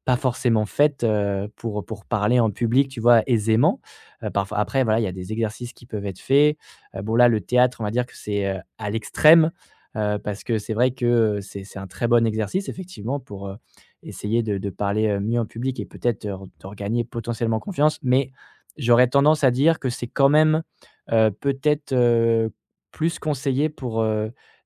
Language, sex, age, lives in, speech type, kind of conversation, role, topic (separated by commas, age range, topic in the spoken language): French, male, 25-29, France, conversation, advice, advisor, Comment mieux gérer mon trac et mon stress avant de parler en public ?